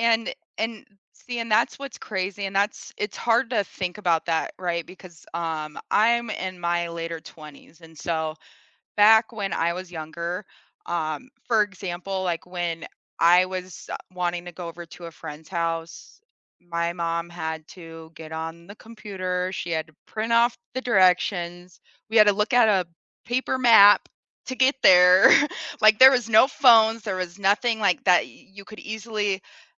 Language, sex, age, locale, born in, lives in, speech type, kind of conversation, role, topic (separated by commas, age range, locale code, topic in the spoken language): English, female, 25-29, en-US, United States, United States, conversation, unstructured, none, What is your go-to way to keep up with local government decisions and neighborhood updates?